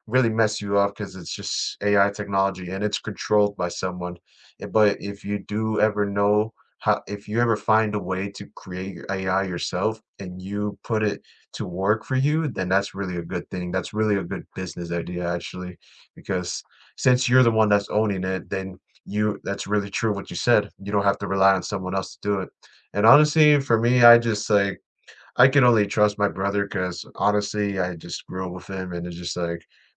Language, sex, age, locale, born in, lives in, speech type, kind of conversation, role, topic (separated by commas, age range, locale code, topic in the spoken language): English, male, 20-24, en-US, United States, United States, conversation, unstructured, none, What new experiences, habits, or relationships do you hope to add in the next year?